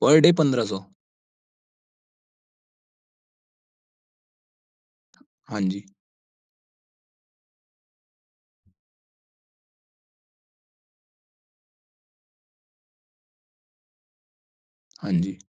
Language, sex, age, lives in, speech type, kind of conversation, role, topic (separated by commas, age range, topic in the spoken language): Hindi, male, 20-24, India, conversation, podcast, host, Namak, khatta aur meetha ka sahi balance banane ke liye tum kaise chakh kar test karte ho?